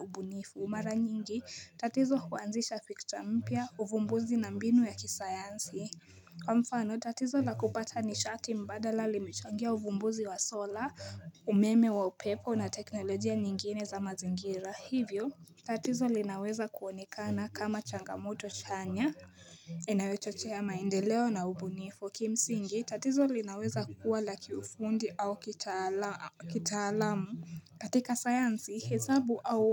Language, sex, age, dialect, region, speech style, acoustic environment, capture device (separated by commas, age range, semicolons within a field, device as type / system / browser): Swahili, female, 18-24, Kenya - Nairobi Sheng-influenced, Kenya, focused, nature, mobile / Linux / Chrome